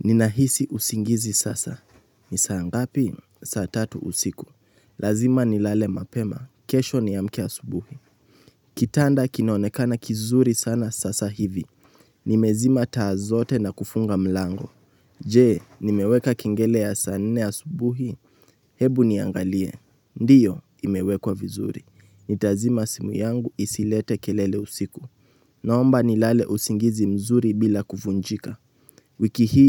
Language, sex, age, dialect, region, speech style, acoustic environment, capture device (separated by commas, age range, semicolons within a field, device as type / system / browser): Swahili, male, 18-24, Kenya - Nairobi Sheng-influenced, Kenya, relaxed, silence, mobile / Linux / Chrome